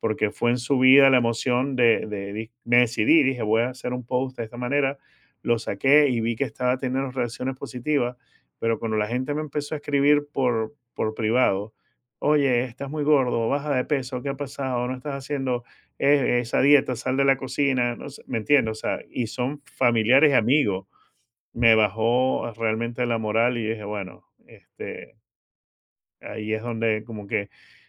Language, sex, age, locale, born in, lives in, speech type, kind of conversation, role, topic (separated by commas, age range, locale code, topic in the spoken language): Spanish, male, 50-54, es-VE, Venezuela, United States, conversation, advice, user, ¿De qué manera dejas pasar oportunidades por miedo a que te juzguen en redes sociales?